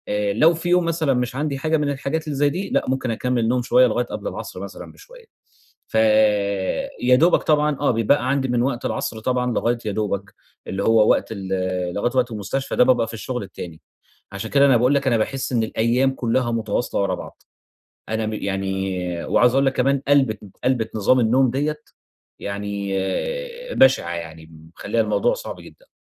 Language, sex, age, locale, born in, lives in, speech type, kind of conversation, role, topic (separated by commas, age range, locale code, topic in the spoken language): Arabic, male, 30-34, ar-EG, Egypt, Egypt, conversation, advice, user, إزاي أظبط مواعيد نومي وصحيانِي عشان يبقى عندي روتين ثابت بالليل وبالصبح؟